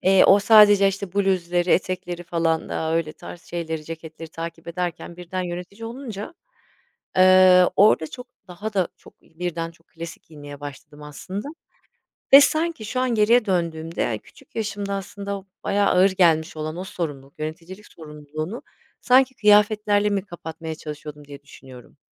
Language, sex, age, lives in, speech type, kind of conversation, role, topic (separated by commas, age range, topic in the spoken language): Turkish, female, 40-44, Spain, conversation, podcast, guest, Modaya uyum sağlamak mı, yoksa kendine sadık kalmak mı daha önemli?